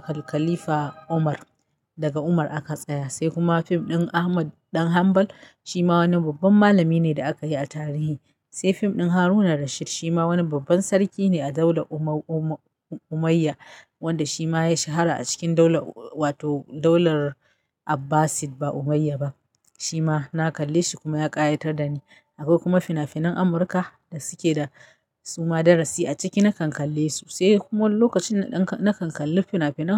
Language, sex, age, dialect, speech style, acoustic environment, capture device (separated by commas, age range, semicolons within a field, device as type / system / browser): Hausa, female, 35-44, Nigeria - Kano, happy, nature, mobile / Linux / Chrome